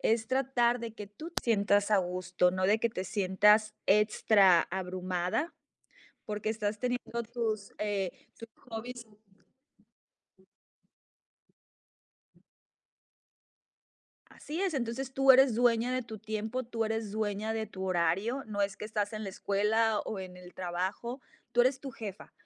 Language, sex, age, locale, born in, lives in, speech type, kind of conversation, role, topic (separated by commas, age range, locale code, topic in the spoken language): Spanish, female, 40-44, es-MX, Mexico, United States, conversation, advice, advisor, ¿Cómo puedo equilibrar mis hobbies con mis responsabilidades diarias?